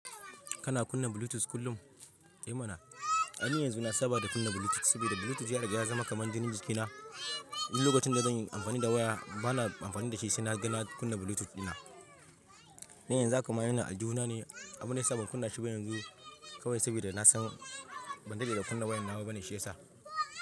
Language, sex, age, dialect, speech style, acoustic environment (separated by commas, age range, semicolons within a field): Hausa, male, 25-34, Nigeria - Ibadan, relaxed, silence; people talking